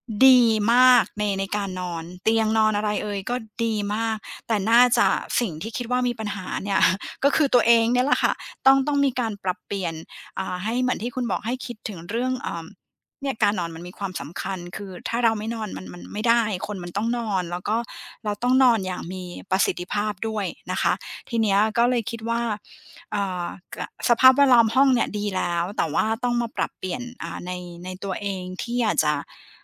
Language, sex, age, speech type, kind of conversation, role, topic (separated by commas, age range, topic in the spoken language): Thai, female, 40-44, conversation, advice, user, ฉันควรทำอย่างไรเพื่อให้ความสำคัญกับการพักผ่อนและการฟื้นฟูให้มากขึ้น?